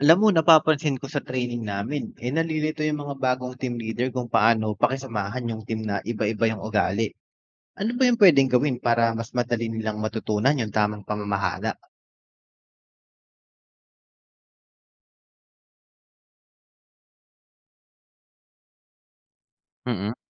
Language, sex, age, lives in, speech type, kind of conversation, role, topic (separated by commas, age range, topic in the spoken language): Filipino, male, 30-34, Philippines, read, expert-assistant, asker, Ano ang mga epektibong paraan para matutunang pamahalaan ang iba’t ibang personalidad sa isang team?